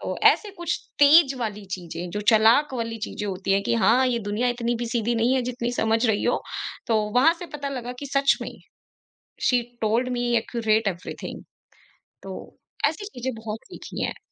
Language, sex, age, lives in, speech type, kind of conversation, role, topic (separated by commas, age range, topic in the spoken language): Hindi, female, 25-29, India, conversation, podcast, guest, Kya aapki kabhi kisi bade se aisi mulaqat hui hai jisme aapko samajh mili ho, aur aapne usse kya seekha?